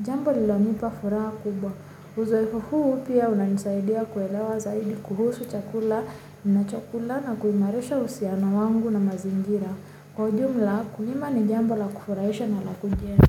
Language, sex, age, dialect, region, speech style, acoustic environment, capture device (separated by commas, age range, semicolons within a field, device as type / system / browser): Swahili, female, 25-34, Kenya - Nairobi Sheng-influenced, Kenya, happy, silence, mobile / Linux / Chrome